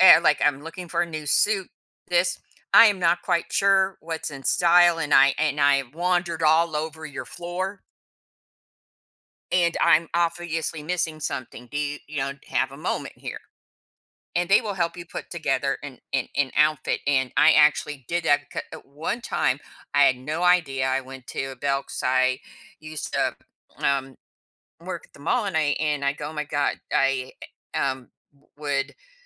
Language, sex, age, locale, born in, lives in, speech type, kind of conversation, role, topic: English, female, 55-59, en-US, United States, United States, conversation, podcast, guest, How does the way we dress influence how we feel about ourselves?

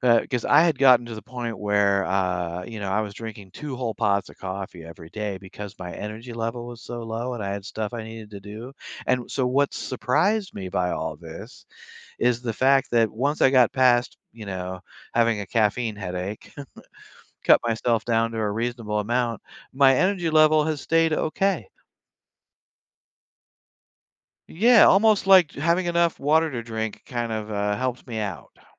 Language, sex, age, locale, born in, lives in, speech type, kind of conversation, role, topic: English, male, 60-64, en-US, United States, United States, conversation, unstructured, none, What small daily habit should I start to noticeably improve my fitness?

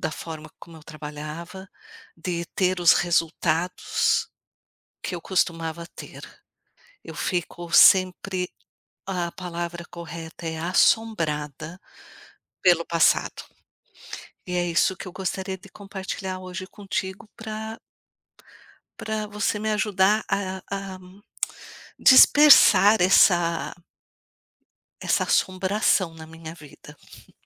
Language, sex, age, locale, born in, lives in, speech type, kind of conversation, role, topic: Portuguese, female, 55-59, pt-BR, Brazil, United States, conversation, advice, user, Como a ruminação sobre erros passados gera angústia em você?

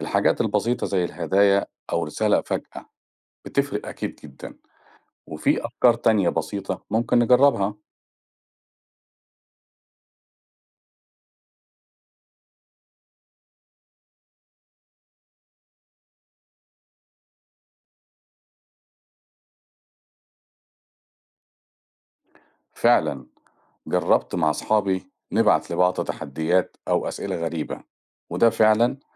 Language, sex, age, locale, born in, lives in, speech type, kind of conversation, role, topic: Arabic, male, 45-49, ar-EG, Egypt, Portugal, read, expert-assistant, asker, إزاي ممكن ندمج وسائل التواصل الحديثة (زي السوشيال ميديا أو التطبيقات) مع الطرق التقليدية عشان نخلي التواصل بين الأزواج أو الأصدقاء أعمق وأقوى؟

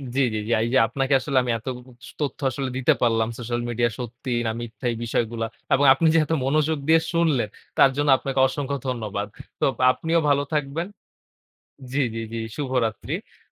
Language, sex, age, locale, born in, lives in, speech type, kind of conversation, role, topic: Bengali, male, 20-24, bn-BD, Bangladesh, Bangladesh, conversation, podcast, guest, সোশ্যাল মিডিয়ায় আপনি সাধারণত সত্যি দেখান, নাকি সাজিয়ে-গুছিয়ে দেখান?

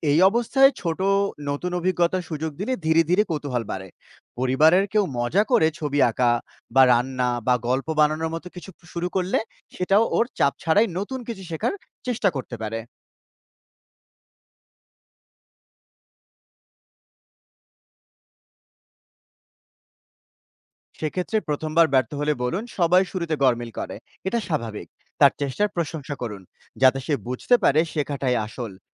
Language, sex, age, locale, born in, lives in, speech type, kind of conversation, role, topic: Bengali, male, 30-34, bn-BD, Bangladesh, Bangladesh, read, expert-assistant, expert, শিশুর আত্মবিশ্বাস বাড়ানোর নতুন নতুন উপায় কী হতে পারে?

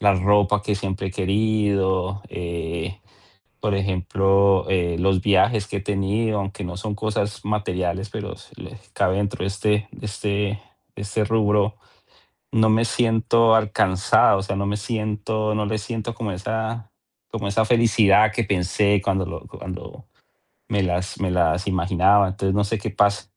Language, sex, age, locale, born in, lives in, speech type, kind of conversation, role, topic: Spanish, male, 40-44, es-CO, Colombia, United States, conversation, advice, user, ¿Cómo puedo alcanzar metas materiales sin sentir satisfacción personal?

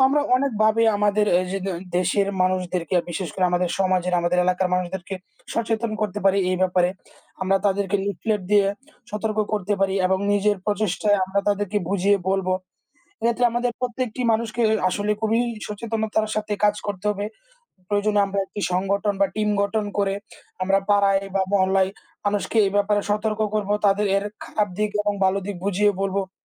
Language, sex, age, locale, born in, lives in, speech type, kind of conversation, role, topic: Bengali, male, 20-24, bn-BD, Bangladesh, Bangladesh, conversation, unstructured, none, পৃথিবীর জলবায়ু পরিবর্তন সম্পর্কে আপনার মতামত কী?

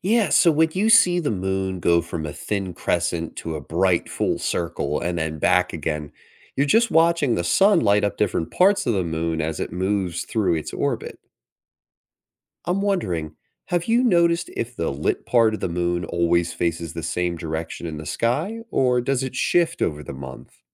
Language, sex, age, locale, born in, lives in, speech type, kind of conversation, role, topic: English, male, 25-29, en-US, United States, United States, read, expert-assistant, expert, What causes the different phases of the moon?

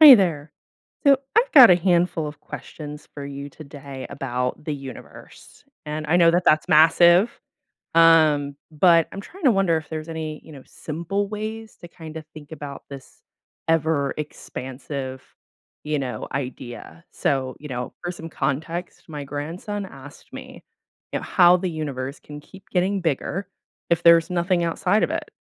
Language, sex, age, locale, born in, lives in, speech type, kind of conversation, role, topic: English, female, 25-29, en-US, United States, United States, read, expert-assistant, asker, How can I use a simple demonstration or analogy to explain how the universe is expanding?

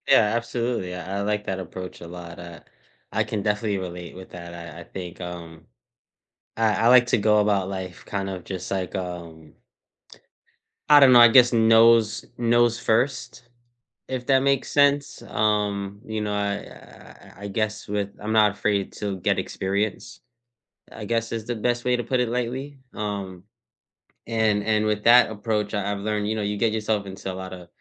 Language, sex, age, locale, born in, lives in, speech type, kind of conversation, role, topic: English, male, 30-34, en-US, United States, United States, conversation, unstructured, none, What is one way your approach to learning has changed over time?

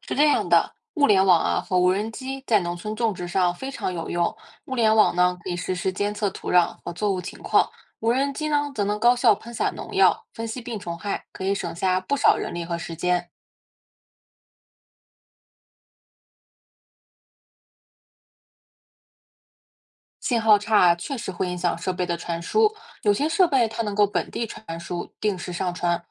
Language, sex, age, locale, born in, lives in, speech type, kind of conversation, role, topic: Chinese, female, 25-29, zh-CN, China, United States, read, expert-assistant, expert, 我们能不能把城市里的智能科技用在农村种植中，来帮助农民提升收成？你有什么点子？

